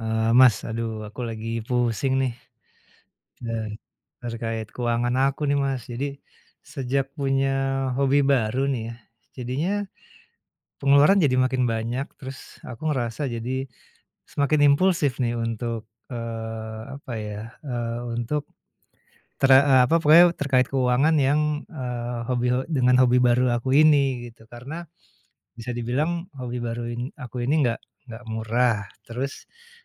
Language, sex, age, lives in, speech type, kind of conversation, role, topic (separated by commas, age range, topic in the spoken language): Indonesian, male, 45-49, Indonesia, conversation, advice, user, Bagaimana pengalamanmu dengan belanja impulsif yang merusak keuanganmu?